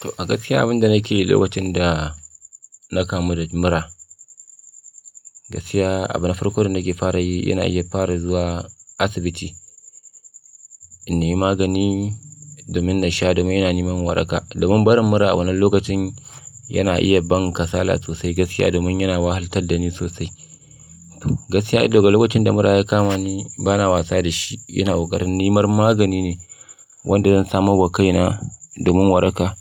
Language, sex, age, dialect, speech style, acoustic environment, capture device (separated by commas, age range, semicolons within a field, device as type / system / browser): Hausa, male, 25-34, Nigeria - Kano, nervous, silence, mobile / Linux / Chrome